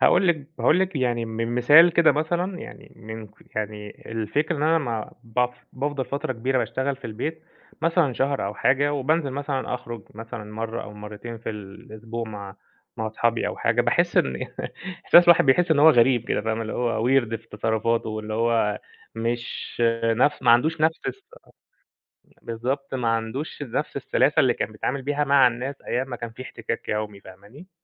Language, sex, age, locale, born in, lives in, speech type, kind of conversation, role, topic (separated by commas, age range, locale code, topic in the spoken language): Arabic, male, 30-34, ar-EG, Egypt, Egypt, conversation, advice, user, إزاي كانت تجربتك لما بدأت تشتغل عن بُعد ولقيت إن الحدود بين الشغل والحياة بدأت تروح؟